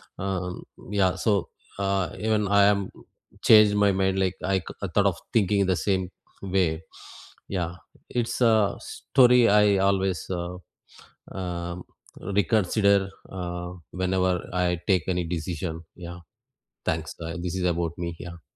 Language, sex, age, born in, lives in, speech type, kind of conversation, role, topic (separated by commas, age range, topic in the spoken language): English, male, 45-49, India, United States, conversation, unstructured, none, Can you share a moment when changing your mind left you grateful for the outcome?